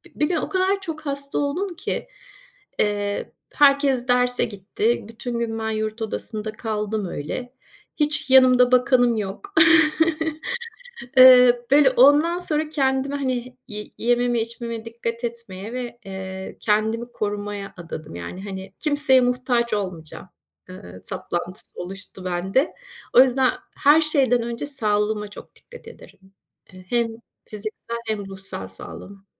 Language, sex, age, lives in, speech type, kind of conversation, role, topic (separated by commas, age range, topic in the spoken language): Turkish, female, 50-54, Spain, conversation, podcast, guest, Kendini yeniden inşa ederken hangi alışkanlıklarını değiştirdin?